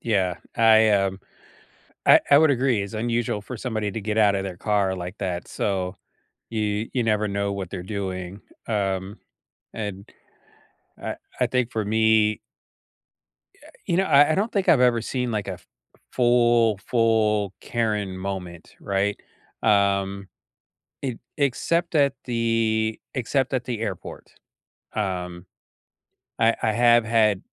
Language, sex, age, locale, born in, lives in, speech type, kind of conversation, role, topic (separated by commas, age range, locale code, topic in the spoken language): English, male, 50-54, en-US, United States, United States, conversation, unstructured, none, What is your go-to coffee shop for people-watching?